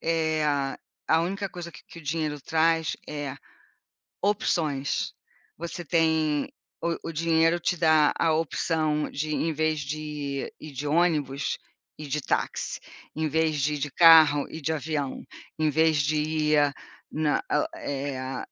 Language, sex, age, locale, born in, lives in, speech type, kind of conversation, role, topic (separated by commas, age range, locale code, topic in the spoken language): Portuguese, female, 60-64, pt-BR, Brazil, United States, conversation, unstructured, none, Você acha que o dinheiro compra felicidade? Por quê?